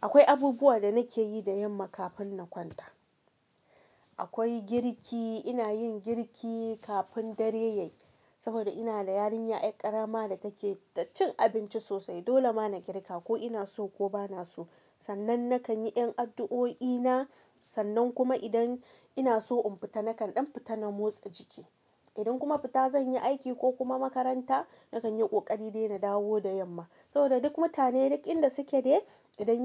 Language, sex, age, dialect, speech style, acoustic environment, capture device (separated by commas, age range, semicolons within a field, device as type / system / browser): Hausa, female, 25-34, Nigeria - Kano, relaxed, silence, mobile / Linux / Chrome